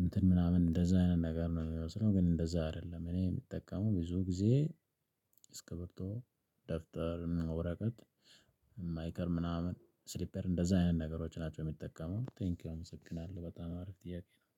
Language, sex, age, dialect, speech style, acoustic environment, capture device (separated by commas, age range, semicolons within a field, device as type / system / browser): Amharic, male, 25-34, Ethiopia - Addis Ababa, excited, silence, mobile / Linux / Chrome